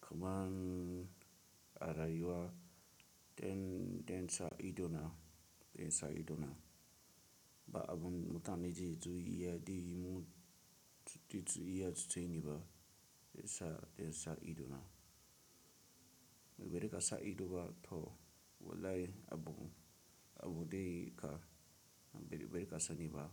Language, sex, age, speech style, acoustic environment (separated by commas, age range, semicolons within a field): Hausa, male, 18-24, relaxed, silence